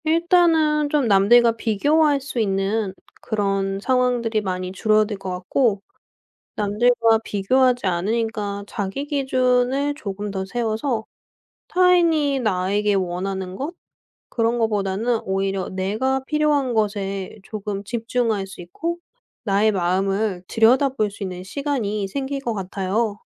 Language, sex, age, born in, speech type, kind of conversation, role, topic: Korean, female, 30-34, South Korea, conversation, podcast, guest, 놓칠까 봐 불안할 때 어떻게 마음을 다스리면 좋을까요?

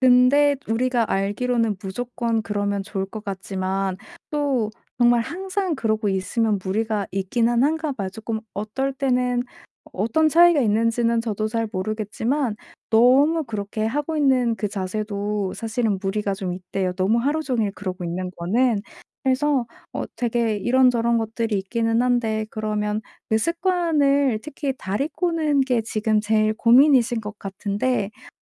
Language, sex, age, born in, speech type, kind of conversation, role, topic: Korean, female, 25-29, South Korea, conversation, advice, advisor, 혼자서 끊기 어려운 습관에 대해 어떻게 도움을 요청하면 좋을까요?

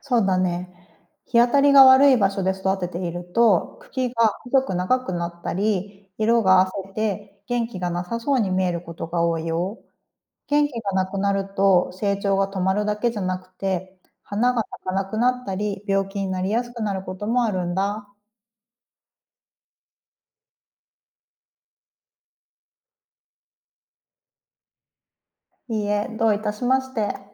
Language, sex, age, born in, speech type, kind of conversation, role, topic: Japanese, female, 35-39, Japan, read, expert-assistant, expert, どうしてサボテンは乾燥した場所でも生きられるのでしょうか？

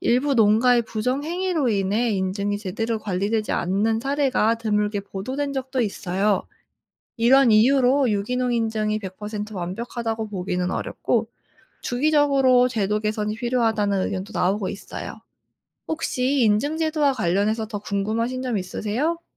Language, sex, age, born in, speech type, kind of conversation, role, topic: Korean, female, 25-29, South Korea, read, expert-assistant, expert, 유기농 식품이 정말 더 건강하고 환경에 좋은가요?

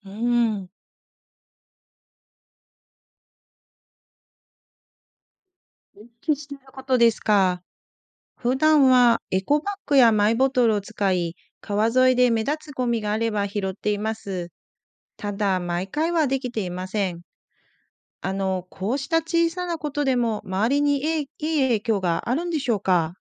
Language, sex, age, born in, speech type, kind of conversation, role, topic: Japanese, female, 40-44, Japan, read, expert-assistant, asker, 地域で川や湿地の自然環境を守るにはどうしたらいいですか？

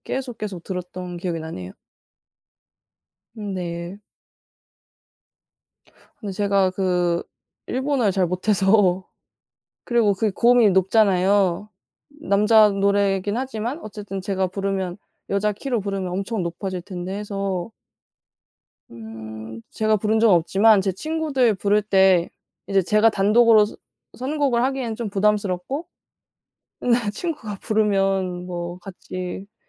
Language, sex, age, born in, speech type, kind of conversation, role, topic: Korean, female, 20-24, South Korea, conversation, unstructured, none, 취미로 시작했는데 힘들었던 경험이 있나요?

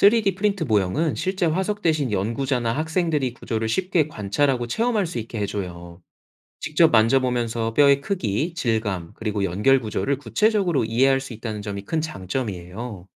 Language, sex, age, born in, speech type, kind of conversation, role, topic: Korean, male, 30-34, South Korea, read, expert-assistant, expert, 공룡이 깃털을 가졌다는 이야기가 정말 사실인가요?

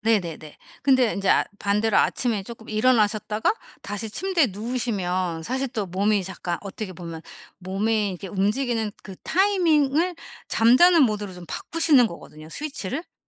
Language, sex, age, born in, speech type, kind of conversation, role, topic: Korean, female, 45-49, South Korea, conversation, advice, advisor, 아침에 피로감을 줄이기 위해 실천하면 좋은 간단한 아침 습관은 무엇인가요?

